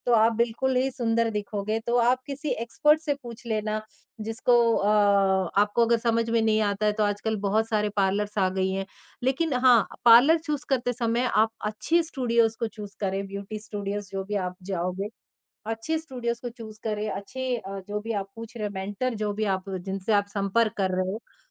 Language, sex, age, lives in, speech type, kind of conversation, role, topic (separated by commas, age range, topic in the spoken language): Hindi, male, 50-54, India, conversation, podcast, guest, आपका खास अंदाज़ क्या है और उसके पीछे आपकी क्या वजह है?